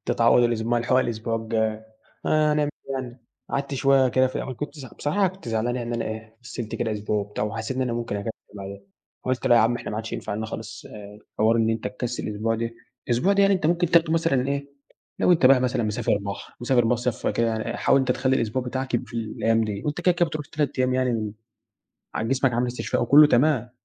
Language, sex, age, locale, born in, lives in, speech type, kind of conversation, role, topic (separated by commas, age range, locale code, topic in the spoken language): Arabic, male, 18-19, ar-EG, Egypt, Egypt, conversation, podcast, guest, إزاي بتلاقي وقت للرياضة وسط مشاغلك؟